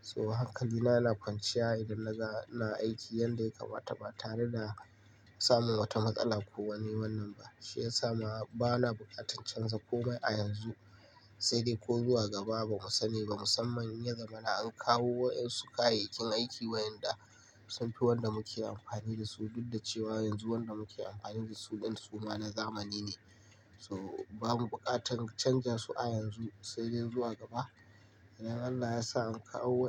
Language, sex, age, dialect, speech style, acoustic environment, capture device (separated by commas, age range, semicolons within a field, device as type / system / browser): Hausa, male, 25-34, Nigeria - Kano, happy, nature; appliances, mobile / Linux / Chrome